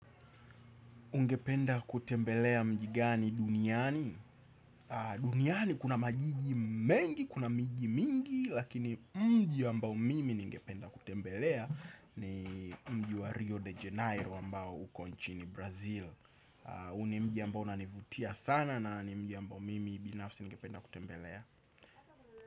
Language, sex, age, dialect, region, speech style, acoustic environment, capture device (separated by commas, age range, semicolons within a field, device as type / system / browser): Swahili, male, 25-34, Tanzania - Dar es Salaam, Tanzania, excited, traffic; nature; appliances, desktop / Windows / Chrome